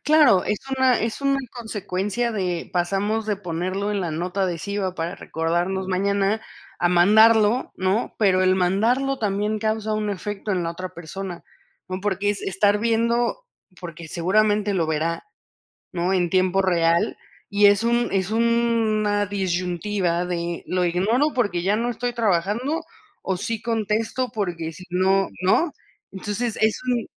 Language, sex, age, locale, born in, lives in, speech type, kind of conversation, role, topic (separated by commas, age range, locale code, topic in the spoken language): Spanish, female, 30-34, es-MX, Mexico, Mexico, conversation, podcast, host, ¿Qué opinas del tiempo que pasamos pendientes del celular?